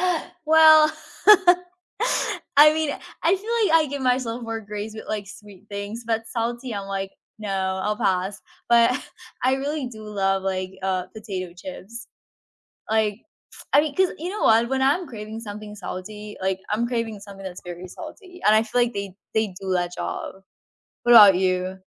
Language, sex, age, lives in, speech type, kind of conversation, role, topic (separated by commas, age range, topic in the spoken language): English, female, 18-19, United States, conversation, unstructured, none, Which do you prefer, sweet snacks or salty snacks, and why?